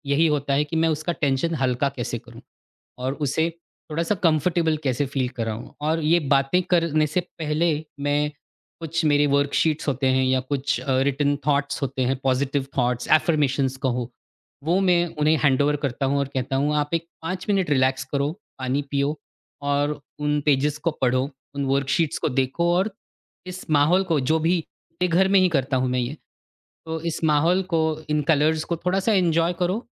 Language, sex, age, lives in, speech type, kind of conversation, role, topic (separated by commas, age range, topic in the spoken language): Hindi, male, 40-44, India, conversation, podcast, guest, Mentor-mentee relationship ko kaise maintain karte ho?